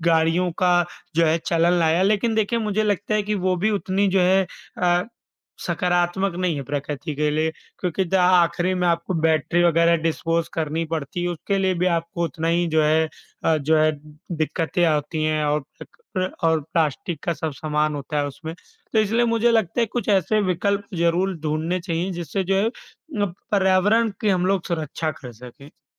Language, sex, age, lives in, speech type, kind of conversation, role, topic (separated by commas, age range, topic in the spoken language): Hindi, male, 25-29, India, conversation, unstructured, none, आपके हिसाब से विज्ञान ने हमारी ज़िंदगी को कैसे बेहतर बनाया है?